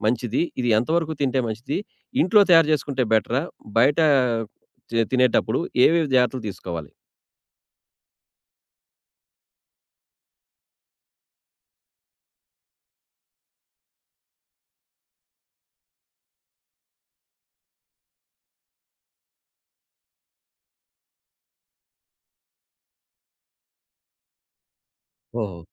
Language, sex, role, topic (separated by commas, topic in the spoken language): Telugu, male, host, తక్కువ బడ్జెట్‌లో వంట రుచిని ఎలా పరిపూర్ణంగా ఉంచగలరు?